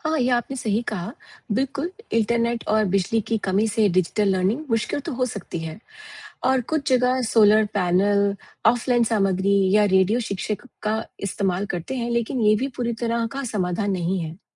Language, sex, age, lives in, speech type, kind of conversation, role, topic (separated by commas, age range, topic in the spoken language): Hindi, female, 45-49, United States, read, expert-assistant, expert, क्या यह सच है कि हिमालय पर्वत हर साल थोड़ा-थोड़ा ऊँचा होता जा रहा है? इसके पीछे वैज्ञानिक कारण क्या हैं?